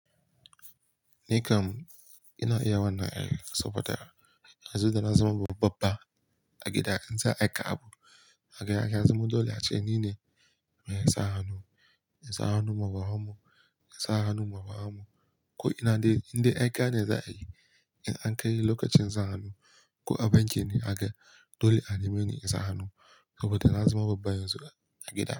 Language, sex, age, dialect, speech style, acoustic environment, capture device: Hausa, male, 18-24, Nigeria - Kano, happy; relaxed; focused, silence; nature; appliances, mobile / Linux / Chrome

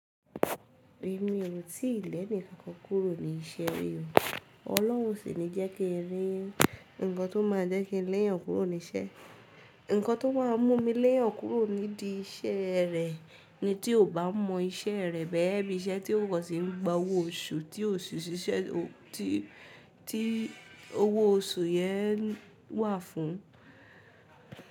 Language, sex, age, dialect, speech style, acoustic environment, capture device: Yoruba, female, 18-24, Nigeria - Ibadan, relaxed; focused, music; people talking; nature; silence; appliances, mobile / Linux / Chrome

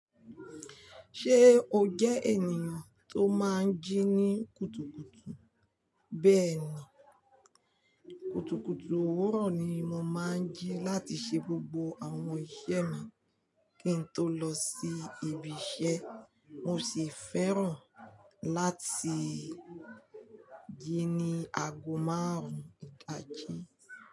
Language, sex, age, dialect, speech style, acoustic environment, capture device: Yoruba, female, 45-54, Nigeria - Lagos Yoruba, relaxed, nature, mobile / Linux / Chrome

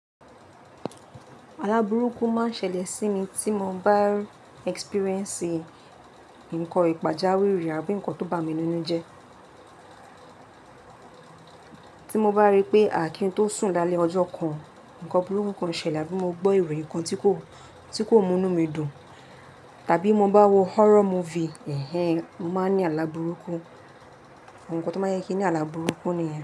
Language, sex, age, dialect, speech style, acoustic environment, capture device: Yoruba, female, 18-24, Nigeria - Lagos Yoruba, relaxed, nature, mobile / Linux / Chrome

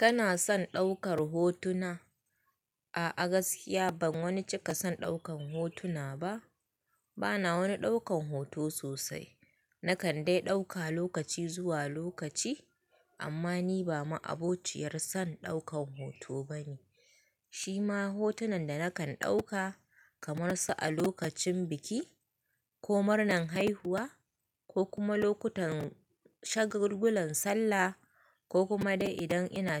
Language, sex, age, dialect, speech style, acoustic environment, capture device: Hausa, female, 25-34, Nigeria - Kano, excited; happy, people talking, mobile / Linux / Chrome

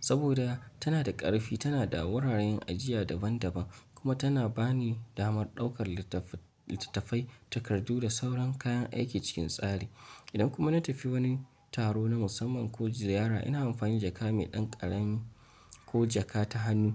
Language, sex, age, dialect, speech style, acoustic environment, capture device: Hausa, male, 25-34, Nigeria - Nigerian Standard, excited; focused, nature; appliances, mobile / Linux / Chrome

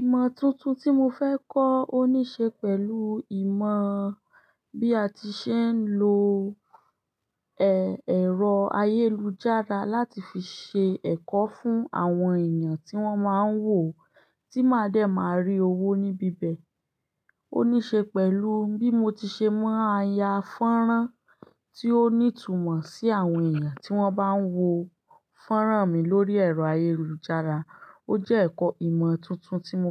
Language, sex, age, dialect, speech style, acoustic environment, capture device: Yoruba, female, 25-34, Nigeria - Ibadan, happy; focused, silence, desktop / Linux / Chrome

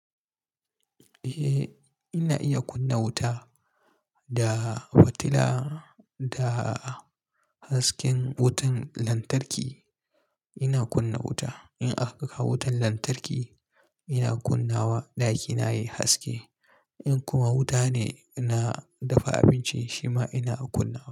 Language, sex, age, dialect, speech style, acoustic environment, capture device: Hausa, male, 25-34, Nigeria - Kano, relaxed, silence, mobile / Linux / Chrome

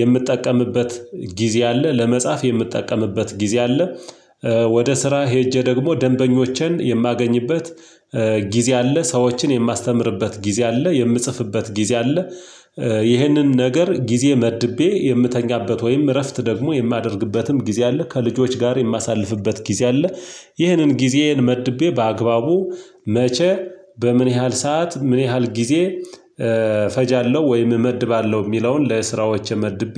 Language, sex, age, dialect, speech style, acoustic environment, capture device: Amharic, male, 35-44, Ethiopia - Gojjam, happy; excited, silence, mobile / Linux / Chrome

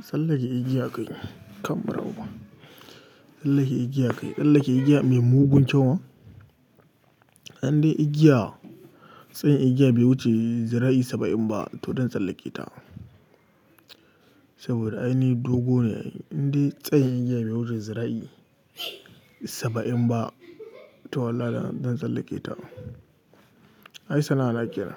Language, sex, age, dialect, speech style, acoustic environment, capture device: Hausa, male, 18-24, Nigeria - Katsina, happy, silence, mobile / Linux / Chrome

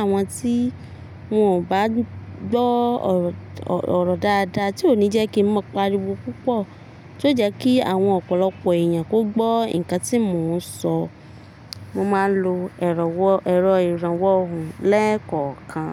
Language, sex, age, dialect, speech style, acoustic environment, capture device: Yoruba, female, 25-34, Nigeria - Ibadan, relaxed, nature, mobile / Linux / Chrome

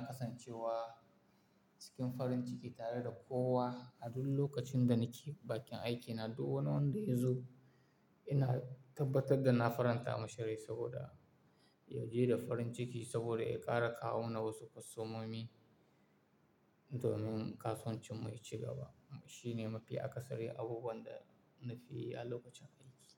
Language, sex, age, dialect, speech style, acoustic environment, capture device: Hausa, male, 18-24, Nigeria - Kano, tired, appliances, mobile / Linux / Chrome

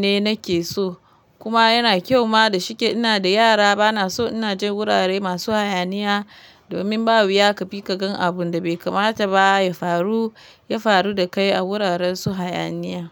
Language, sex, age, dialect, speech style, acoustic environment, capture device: Hausa, female, 25-34, Nigeria - Kano, happy; relaxed; focused, nature, mobile / Linux / Chrome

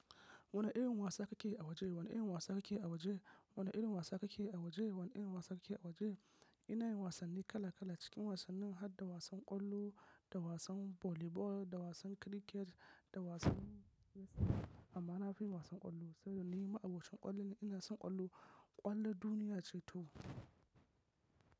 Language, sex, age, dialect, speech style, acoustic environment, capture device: Hausa, male, 25-34, Nigeria - Kano, focused, silence, mobile / macOS / Safari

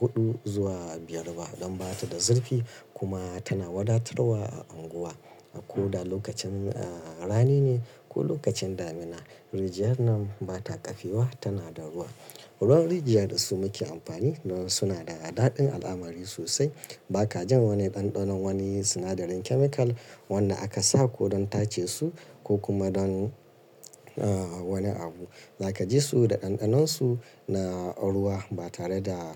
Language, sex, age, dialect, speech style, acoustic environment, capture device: Hausa, male, 35-44, Nigeria - Kano, nervous; excited; focused; stressed; relaxed; tired; anxious; happy, traffic; construction; appliances; silence; nature; music; people talking, mobile / Linux / Chrome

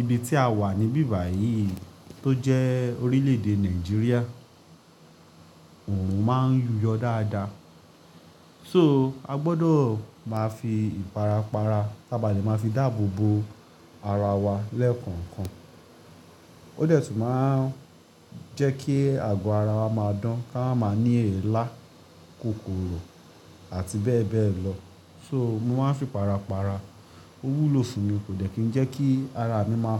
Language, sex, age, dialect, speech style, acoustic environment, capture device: Yoruba, male, 25-34, Nigeria - Lagos Yoruba, relaxed, construction, mobile / Linux / Chrome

